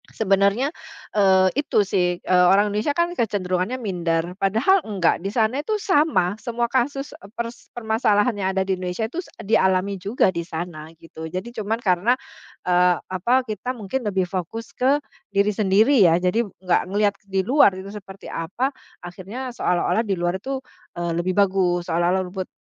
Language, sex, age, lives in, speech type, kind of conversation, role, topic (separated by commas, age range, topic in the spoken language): Indonesian, female, 45-49, Indonesia, conversation, podcast, guest, Bisakah kamu menceritakan pertemuan dengan orang lokal yang mengubah cara pandangmu?